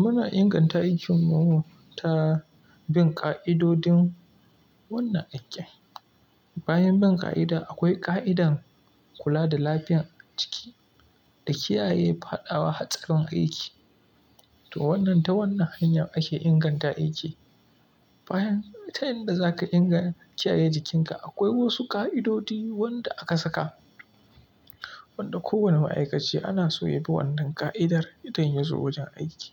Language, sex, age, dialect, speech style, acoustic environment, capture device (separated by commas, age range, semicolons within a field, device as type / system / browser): Hausa, male, 25-34, Nigeria - Kano, happy, silence, mobile / Linux / Chrome